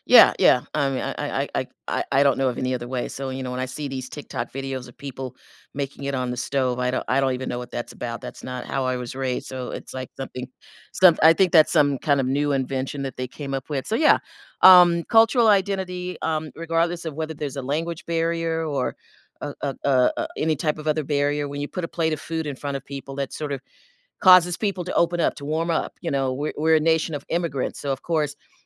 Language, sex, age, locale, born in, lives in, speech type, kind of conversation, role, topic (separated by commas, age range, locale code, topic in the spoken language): English, female, 60-64, en-US, United States, United States, conversation, podcast, guest, How do shared meals and food traditions shape our sense of belonging and connection to our culture?